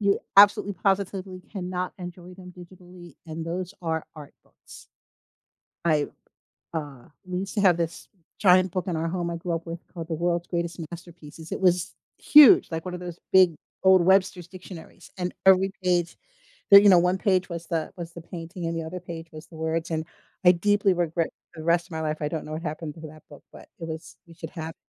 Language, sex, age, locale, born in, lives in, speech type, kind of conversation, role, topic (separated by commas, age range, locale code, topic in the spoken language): English, female, 60-64, en-US, United States, United States, conversation, unstructured, none, How has technology changed the way we experience reading?